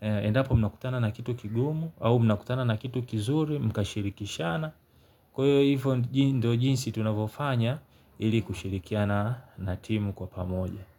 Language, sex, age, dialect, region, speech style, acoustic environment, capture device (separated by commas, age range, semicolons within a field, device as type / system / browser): Swahili, male, 35-44, Tanzania - Dar es Salaam, Tanzania, happy; relaxed; focused, nature; silence, mobile / Linux / Chrome